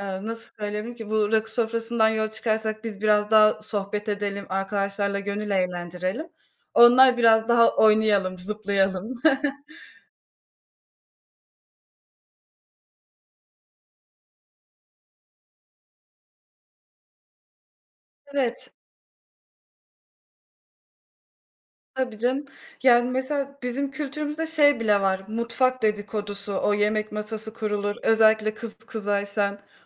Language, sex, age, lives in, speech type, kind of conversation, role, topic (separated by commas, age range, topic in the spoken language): Turkish, female, 25-29, Poland, conversation, unstructured, none, Arkadaşlarınla yemek paylaşmak senin için ne ifade ediyor?